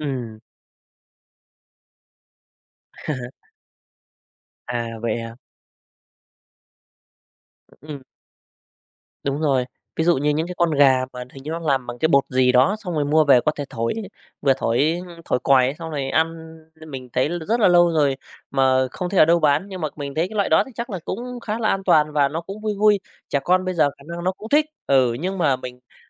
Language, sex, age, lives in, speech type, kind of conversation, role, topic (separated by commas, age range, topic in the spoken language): Vietnamese, male, 35-39, Vietnam, conversation, unstructured, none, Bạn thích ăn món gì nhất khi còn nhỏ?